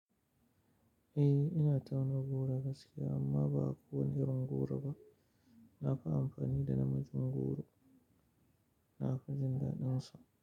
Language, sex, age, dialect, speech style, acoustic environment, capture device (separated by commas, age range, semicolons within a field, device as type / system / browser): Hausa, male, 35-44, Nigeria - Kano, happy, silence, mobile / Linux / Chrome